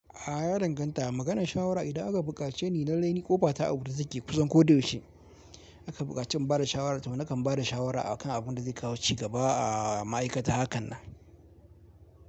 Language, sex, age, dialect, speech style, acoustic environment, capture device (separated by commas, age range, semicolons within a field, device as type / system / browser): Hausa, male, 18-24, Nigeria - Kano, relaxed; focused, nature; appliances, mobile / Linux / Chrome